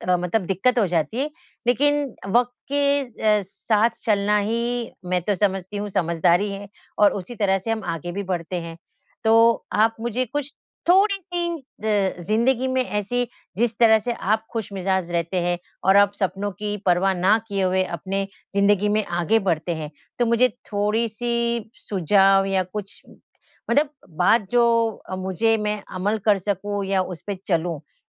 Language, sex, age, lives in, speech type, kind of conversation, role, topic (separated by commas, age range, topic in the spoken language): Hindi, female, 50-54, India, conversation, podcast, host, जब आपके सपने टूट जाते हैं, तो आप फिर से नए सपने कैसे देख पाते हैं?